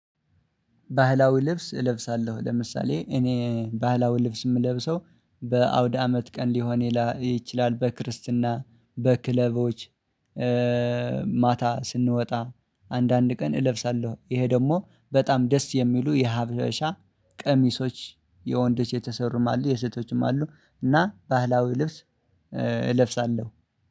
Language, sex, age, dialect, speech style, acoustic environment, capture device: Amharic, male, 25-34, Ethiopia - Addis Ababa, relaxed, silence, mobile / Linux / Chrome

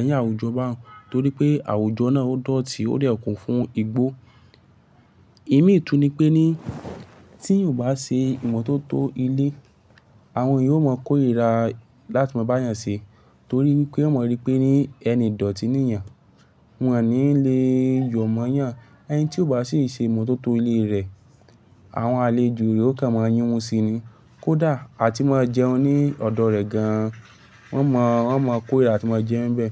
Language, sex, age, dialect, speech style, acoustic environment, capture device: Yoruba, male, 18-24, Nigeria - Lagos Yoruba, focused, silence, mobile / Linux / Chrome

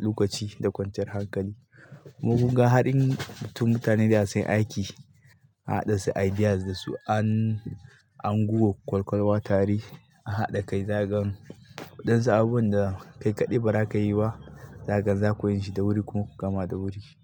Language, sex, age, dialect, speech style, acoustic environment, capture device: Hausa, male, 25-34, Nigeria - Katsina, happy, people talking, mobile / Linux / Chrome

